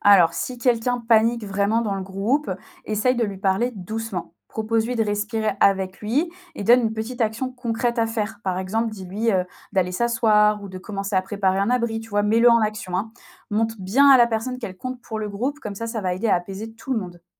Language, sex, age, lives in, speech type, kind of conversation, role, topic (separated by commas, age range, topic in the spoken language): French, female, 25-29, France, read, expert-assistant, expert, Comment réagir si le GPS cesse soudainement de fonctionner en pleine excursion ?